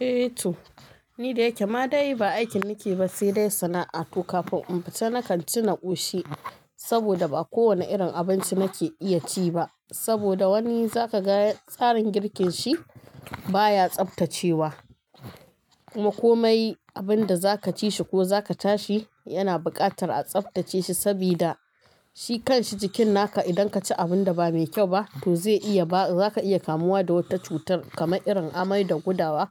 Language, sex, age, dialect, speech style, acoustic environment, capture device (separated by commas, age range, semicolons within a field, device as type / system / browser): Hausa, female, 25-34, Nigeria - Kano, happy, music, mobile / Linux / Chrome